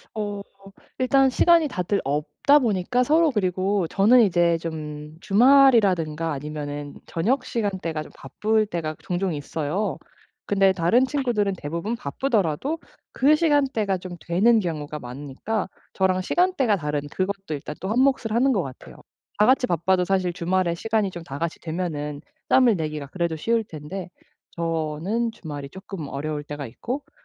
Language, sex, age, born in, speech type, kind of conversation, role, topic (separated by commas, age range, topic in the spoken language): Korean, female, 35-39, South Korea, conversation, advice, user, 바쁜 일상 속에서 친구들과 더 깊은 관계를 어떻게 만들 수 있을까요?